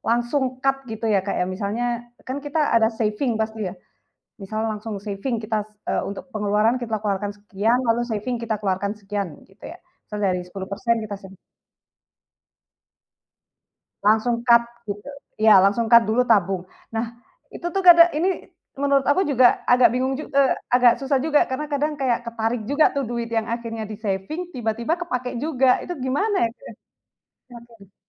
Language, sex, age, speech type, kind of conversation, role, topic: Indonesian, female, 25-29, conversation, unstructured, none, Apa hal yang paling menantang dalam mengatur keuangan pribadi?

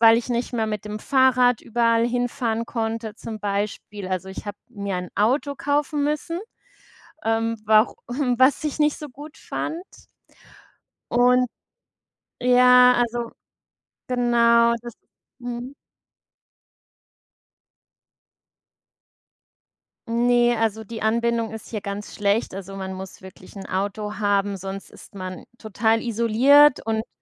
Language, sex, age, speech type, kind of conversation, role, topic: German, female, 35-39, conversation, unstructured, none, Was bevorzugst du: Stadtleben oder Landleben?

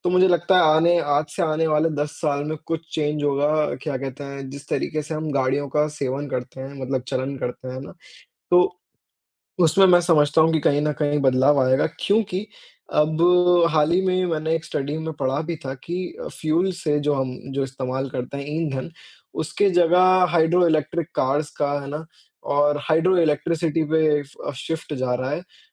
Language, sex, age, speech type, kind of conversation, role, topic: Hindi, male, 20-24, conversation, unstructured, none, कौन-सी नई तकनीक ने आपको सबसे ज़्यादा चौंकाया है?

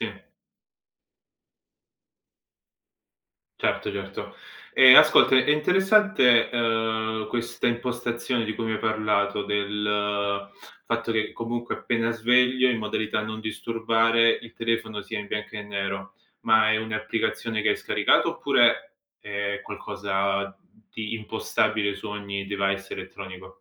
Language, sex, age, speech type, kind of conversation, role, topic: Italian, male, 30-34, conversation, podcast, host, Come gestisci l’uso dello schermo e del telefono appena ti svegli?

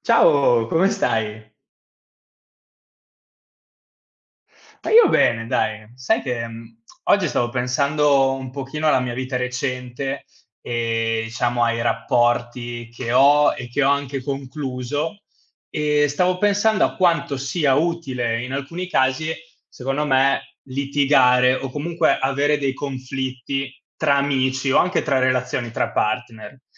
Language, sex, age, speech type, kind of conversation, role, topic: Italian, male, 25-29, conversation, unstructured, none, Perché a volte i conflitti possono far crescere le relazioni?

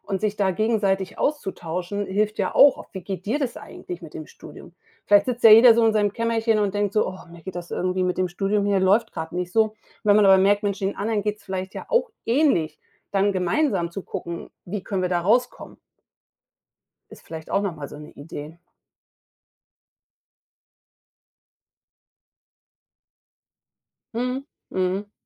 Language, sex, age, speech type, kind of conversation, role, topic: German, female, 45-49, conversation, advice, advisor, Wie soll ich damit umgehen, wenn ich das Gefühl habe, Motivation und Sinn im Leben verloren zu haben?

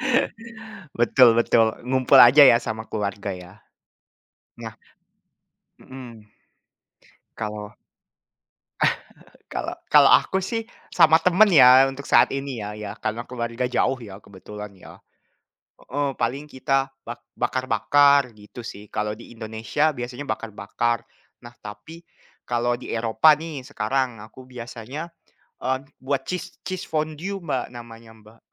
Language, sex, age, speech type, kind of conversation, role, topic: Indonesian, male, 20-24, conversation, unstructured, none, Masakan apa yang paling cocok disajikan untuk merayakan tahun baru?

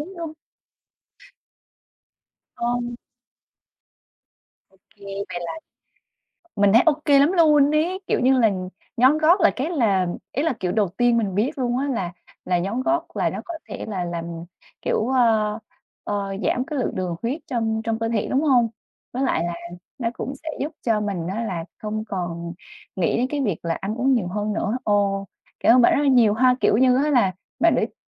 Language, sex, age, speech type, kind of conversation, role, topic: Vietnamese, female, 25-29, conversation, advice, user, Làm sao để bớt cảm giác tội lỗi sau khi lỡ ăn quá nhiều một lần?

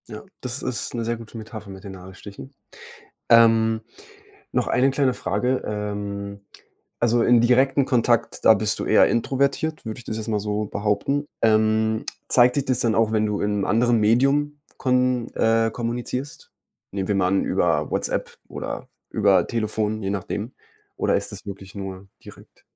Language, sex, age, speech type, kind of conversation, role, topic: German, male, 20-24, conversation, advice, advisor, Wie kann ich damit umgehen, dass mich die direkte Rückmeldung meines Freundes verletzt?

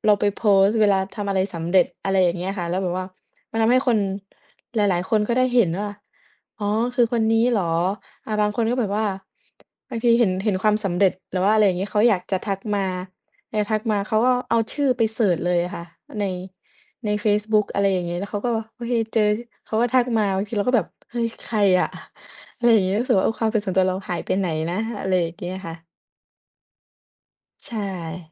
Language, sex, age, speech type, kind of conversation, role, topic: Thai, female, 20-24, conversation, unstructured, none, เทคโนโลยีทำลายความเป็นส่วนตัวของเรามากแค่ไหน?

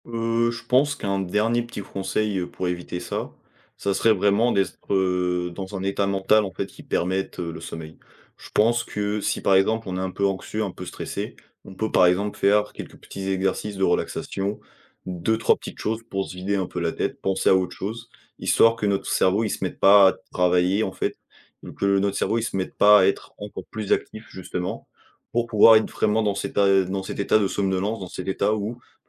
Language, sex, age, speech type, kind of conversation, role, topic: French, male, 20-24, conversation, podcast, guest, T’as des astuces pour mieux dormir malgré les écrans ?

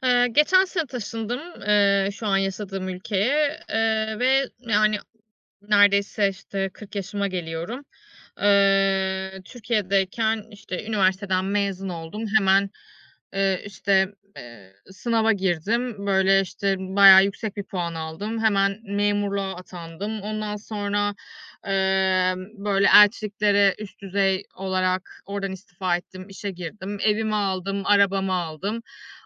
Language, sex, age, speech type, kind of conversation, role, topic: Turkish, female, 35-39, conversation, advice, user, Belirli bir yaşa gelince “başarmış olman” gerektiği beklentisi sende nasıl bir baskı yaratıyor?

